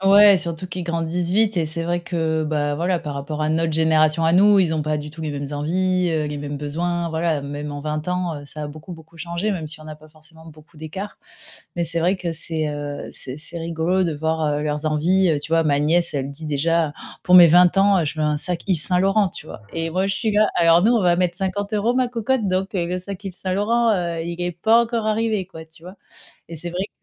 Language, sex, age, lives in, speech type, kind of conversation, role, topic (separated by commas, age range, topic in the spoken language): French, female, 35-39, France, conversation, advice, user, Comment faire du shopping avec un budget limité sans sacrifier mon style ?